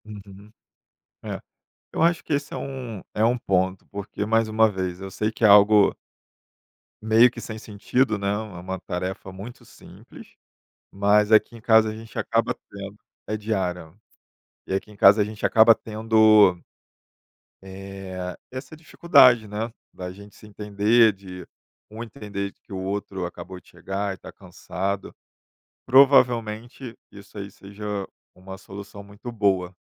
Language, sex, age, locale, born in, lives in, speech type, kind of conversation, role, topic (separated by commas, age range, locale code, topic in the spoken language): Portuguese, male, 35-39, pt-BR, Brazil, Germany, conversation, advice, user, Como posso superar a dificuldade de delegar tarefas no trabalho e em casa?